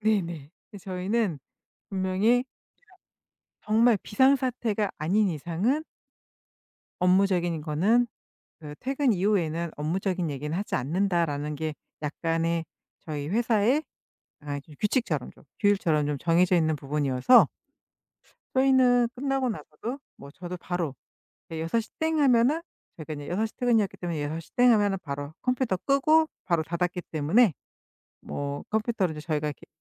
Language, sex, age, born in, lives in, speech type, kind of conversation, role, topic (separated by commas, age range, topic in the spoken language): Korean, female, 50-54, South Korea, United States, conversation, podcast, guest, 재택근무할 때 일과 사생활을 어떻게 구분하시나요?